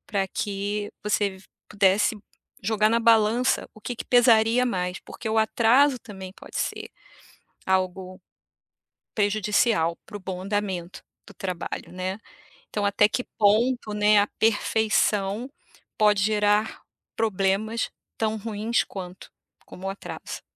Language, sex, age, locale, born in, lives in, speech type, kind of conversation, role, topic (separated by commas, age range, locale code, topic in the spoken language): Portuguese, female, 55-59, pt-BR, Brazil, United States, conversation, advice, advisor, Como posso lidar com o perfeccionismo que me impede de concluir trabalhos?